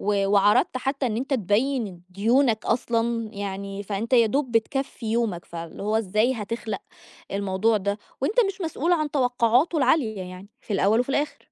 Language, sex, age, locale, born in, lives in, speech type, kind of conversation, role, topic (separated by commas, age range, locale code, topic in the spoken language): Arabic, female, 30-34, ar-EG, Egypt, Egypt, conversation, advice, advisor, إزاي أتعامل مع إحساس الذنب بعد ما رفضت أساعد قريب أو صاحب؟